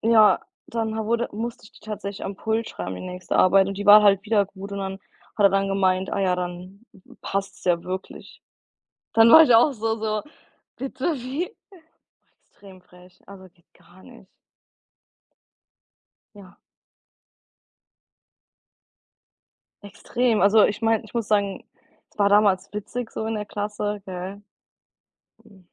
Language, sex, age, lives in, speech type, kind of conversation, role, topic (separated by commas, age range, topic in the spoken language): German, female, 25-29, United States, conversation, unstructured, none, Hast du schon einmal erlebt, dass jemand deine Arbeit gestohlen hat?